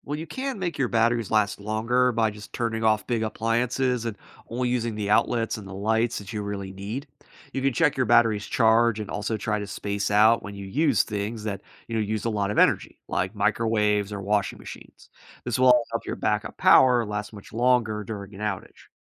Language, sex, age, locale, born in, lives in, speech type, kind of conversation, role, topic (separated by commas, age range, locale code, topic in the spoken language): English, male, 30-34, en-US, United States, United States, read, expert-assistant, expert, How do solar panels convert sunlight into electricity?